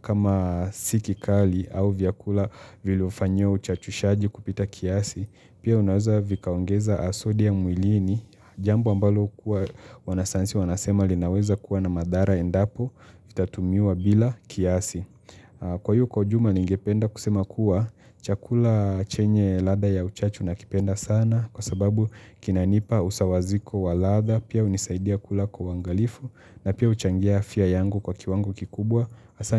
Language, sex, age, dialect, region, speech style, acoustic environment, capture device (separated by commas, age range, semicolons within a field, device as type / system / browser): Swahili, male, 25-34, Kenya - Mombasa, Kenya, relaxed, appliances, mobile / Linux / Chrome